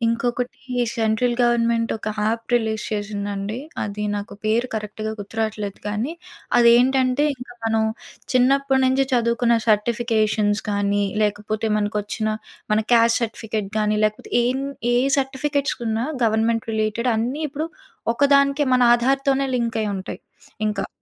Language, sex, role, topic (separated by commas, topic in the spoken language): Telugu, female, guest, వ్యక్తిగత గోప్యత గురించి మీ అభిప్రాయం ఏమిటి?